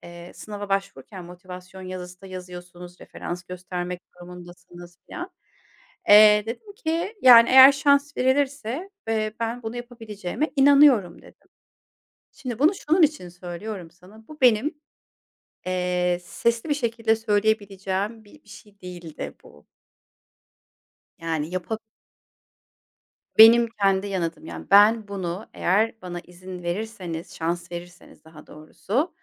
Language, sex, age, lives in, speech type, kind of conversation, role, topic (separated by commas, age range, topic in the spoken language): Turkish, female, 40-44, Germany, conversation, podcast, guest, Seni en çok gururlandıran an hangisiydi, anlatır mısın?